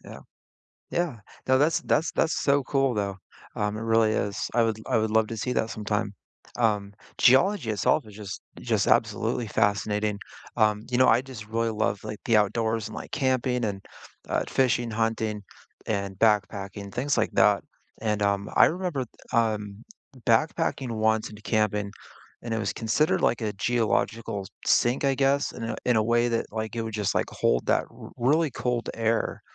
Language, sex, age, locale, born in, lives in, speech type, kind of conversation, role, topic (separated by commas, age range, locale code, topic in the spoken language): English, male, 40-44, en-US, United States, United States, conversation, unstructured, none, What local spots do you love sharing with friends?